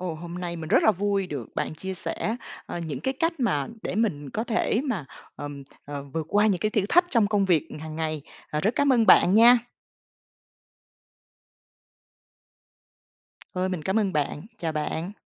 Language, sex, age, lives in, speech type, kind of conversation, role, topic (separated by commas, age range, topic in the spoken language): Vietnamese, female, 45-49, Vietnam, read, expert-assistant, asker, Có những bài học nào về khả năng thích nghi và sinh tồn từ sinh vật biển mà chúng ta có thể áp dụng vào công việc hay cuộc sống hàng ngày?